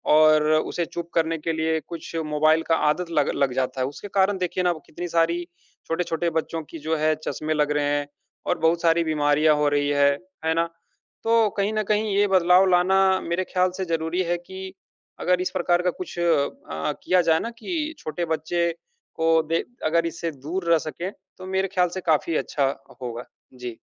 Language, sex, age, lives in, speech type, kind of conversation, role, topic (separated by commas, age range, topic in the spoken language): Hindi, male, 30-34, India, conversation, unstructured, none, आपका पसंदीदा उपकरण कौन-सा है और क्यों?